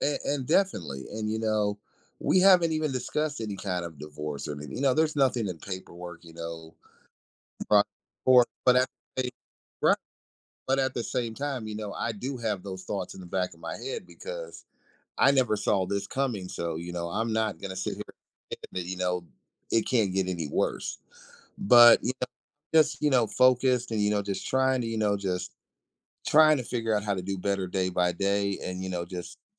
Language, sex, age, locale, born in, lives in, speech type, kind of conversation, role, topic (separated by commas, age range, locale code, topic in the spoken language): English, male, 40-44, en-US, United States, United States, conversation, advice, user, How can I cope with a recent breakup?